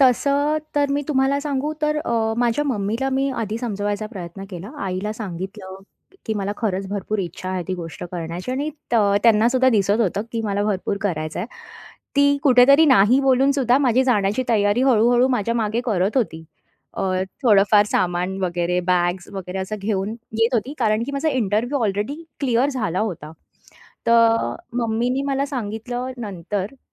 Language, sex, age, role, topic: Marathi, female, 30-34, guest, परिस्थिती बदलल्यावर आयुष्यात नव्याने सुरुवात कशी करावी?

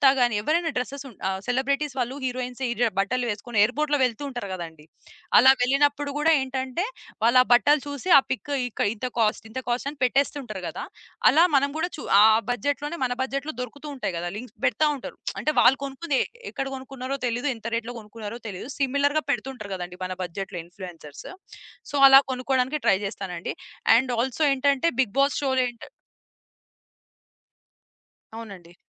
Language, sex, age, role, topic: Telugu, female, 25-29, guest, సామాజిక మాధ్యమాలు మీ వ్యక్తిగత అలంకరణ శైలిపై ఎంతవరకు ప్రభావం చూపిస్తాయి?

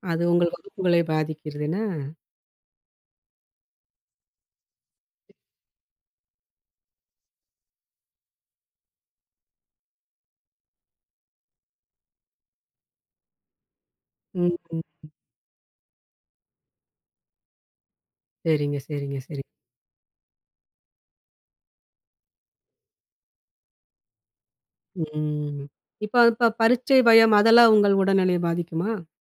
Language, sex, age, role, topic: Tamil, female, 40-44, host, உணர்ச்சி நிலை உடலோடு எப்படி தொடர்புபடுகிறது?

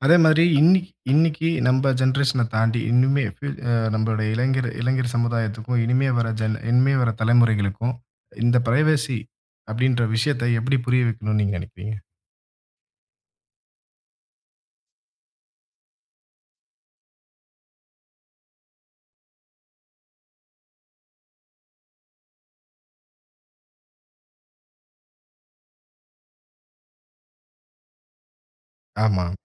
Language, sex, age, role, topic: Tamil, male, 25-29, host, ஆன்லைனில் உங்கள் தனியுரிமையை எளிதாக எப்படி பாதுகாப்பீர்கள்?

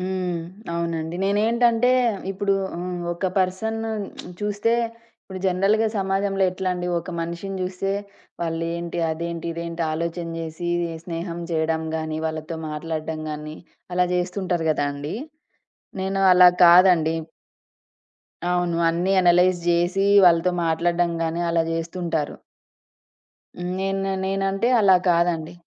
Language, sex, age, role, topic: Telugu, female, 30-34, guest, పశ్చాత్తాపాన్ని సానుకూలంగా మార్చుకోవడానికి మీరు ఏ సలహా ఇస్తారు?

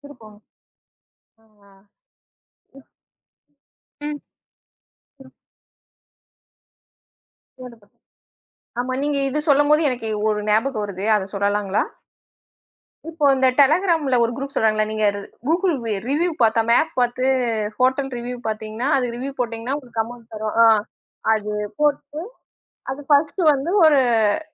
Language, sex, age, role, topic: Tamil, female, 35-39, host, தோல்வி வெற்றியின் ஒரு பகுதியாகும் என்று நீங்கள் நினைக்கிறீர்களா?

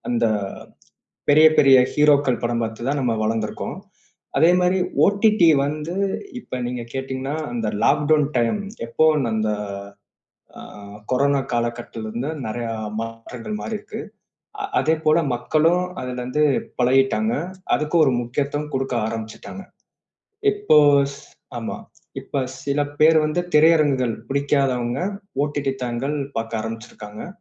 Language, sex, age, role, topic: Tamil, male, 25-29, guest, நீங்கள் வீட்டில் ஒளிபரப்பாக பார்க்கிறீர்களா அல்லது திரையரங்கில் பார்க்கிறீர்களா—எதை ஏன் அதிகம் விரும்புகிறீர்கள்?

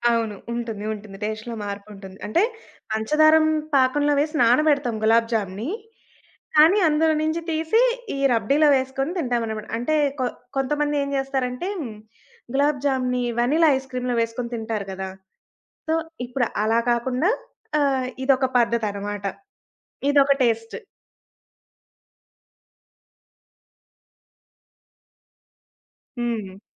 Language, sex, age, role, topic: Telugu, female, 20-24, guest, స్థానిక వంటకాన్ని మొదటిసారిగా రుచి చూసినప్పుడు మీ అనుభవం ఎలా ఉండింది?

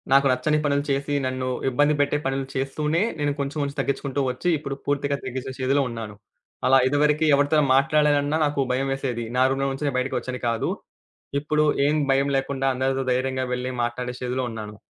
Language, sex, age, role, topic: Telugu, male, 20-24, guest, మీరు ఏది వదిలేయాలి, ఏది నిలుపుకోవాలి అని ఎలా నిర్ణయిస్తారు?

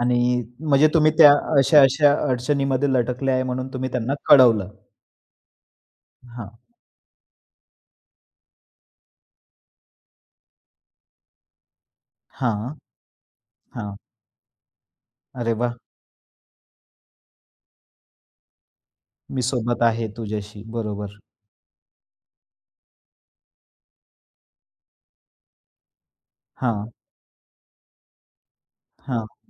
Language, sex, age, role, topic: Marathi, male, 25-29, host, दैनंदिन जीवनात स्वीकृतीचं एक साधं उदाहरण सांगू शकाल का?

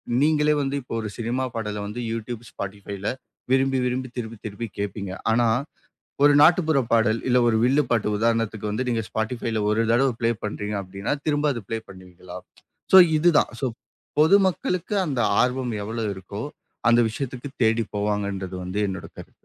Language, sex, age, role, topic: Tamil, male, 25-29, guest, கிராமம் அல்லது நகரத்தில் வளர்ந்த அனுபவம் உங்கள் இசை ரசனைக்கு எப்படிப் பாதிப்பு ஏற்படுத்தியது?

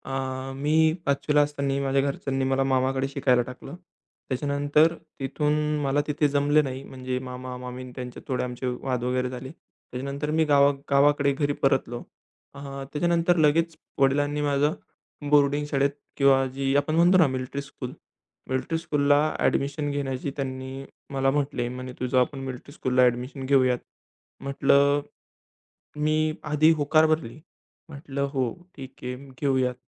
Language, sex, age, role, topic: Marathi, male, 20-24, guest, तुम्ही स्वतःला व्यक्त करायला सुरुवात कशी करता?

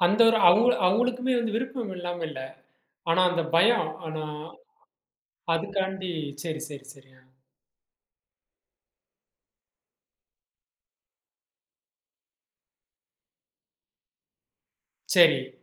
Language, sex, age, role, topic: Tamil, male, 35-39, host, திருமணம் செய்யலாமா, இல்லையா தனியாகவே இருக்கலாமா என்று யோசிக்கும்போது, உங்களுக்கு என்ன புரிகிறது?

